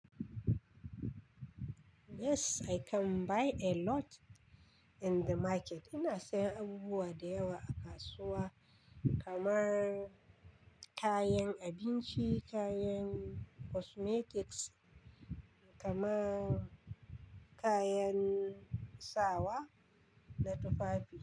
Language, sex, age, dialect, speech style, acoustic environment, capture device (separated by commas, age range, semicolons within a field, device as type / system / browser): Hausa, female, 35-44, Nigeria - Kano, nervous, silence, desktop / Linux / Chrome